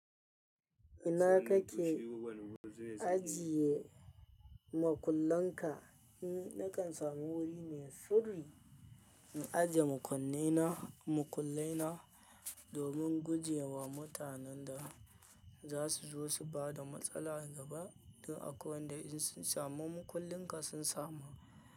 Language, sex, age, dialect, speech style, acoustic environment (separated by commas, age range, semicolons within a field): Hausa, male, 25-34, Nigeria - Kano, happy; anxious, people talking; nature